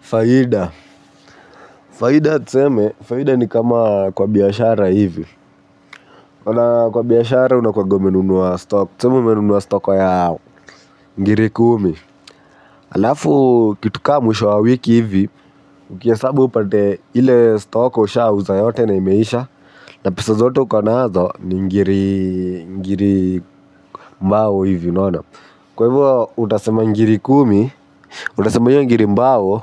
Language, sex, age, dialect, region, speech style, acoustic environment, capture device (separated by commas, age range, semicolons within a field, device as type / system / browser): Swahili, male, 18-24, Kenya - Nairobi Sheng-influenced, Kenya, happy, nature, mobile / Linux / Chrome